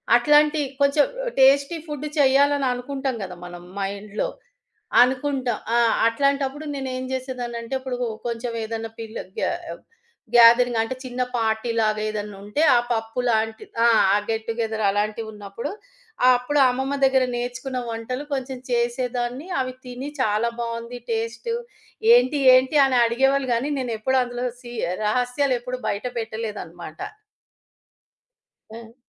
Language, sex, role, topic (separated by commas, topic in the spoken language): Telugu, female, guest, వంటల్లో మీ కుటుంబపు రహస్య చిట్కాలు తరం నుంచి తరానికి ఎలా సంక్రమిస్తాయి?